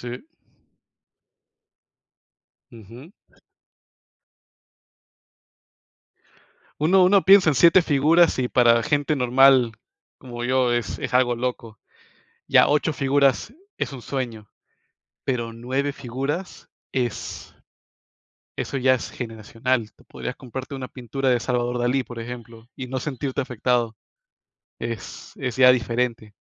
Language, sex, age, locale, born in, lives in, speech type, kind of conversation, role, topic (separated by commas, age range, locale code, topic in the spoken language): Spanish, male, 25-29, es-PE, United States, United States, conversation, unstructured, none, ¿Es justo que algunos deportes paguen mejor a sus atletas?